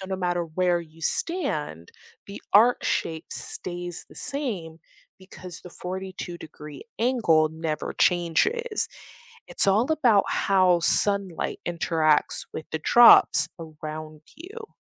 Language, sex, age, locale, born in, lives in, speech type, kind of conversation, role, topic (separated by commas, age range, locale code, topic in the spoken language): English, female, 25-29, en-US, United States, United States, read, expert-assistant, expert, What causes rainbows to appear after rain?